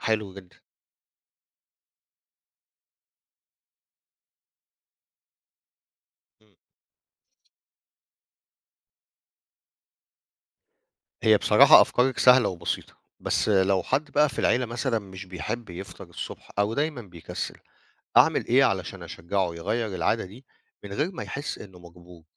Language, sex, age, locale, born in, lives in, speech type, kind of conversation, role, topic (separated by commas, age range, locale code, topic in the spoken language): Arabic, male, 50-54, ar-EG, Egypt, Portugal, read, expert-assistant, asker, إزاي أخلي صحة عيلتي أحسن وسط الزحمة والشغل؟